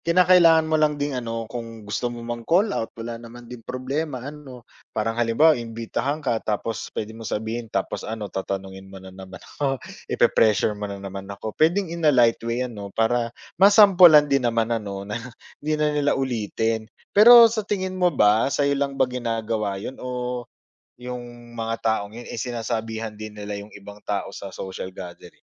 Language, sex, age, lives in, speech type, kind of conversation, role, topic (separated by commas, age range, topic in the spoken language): Filipino, male, 35-39, Philippines, conversation, advice, advisor, Paano ko haharapin ang presyur mula sa mga malalapit kong kaibigan?